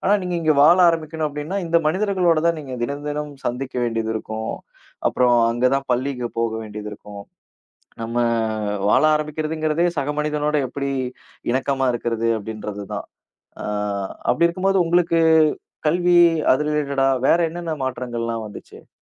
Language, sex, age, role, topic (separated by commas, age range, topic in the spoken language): Tamil, male, 30-34, host, குடியேற்றம் உங்கள் அடையாளத்தை எப்படிப் பாதித்தது?